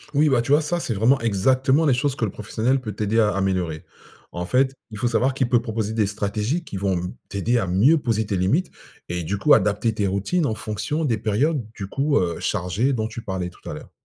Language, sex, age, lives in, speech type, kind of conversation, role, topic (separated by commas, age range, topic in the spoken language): French, male, 45-49, France, read, expert-assistant, expert, Comment notre corps nous indique-t-il qu’il est temps de ralentir, et comment écouter ces signaux ?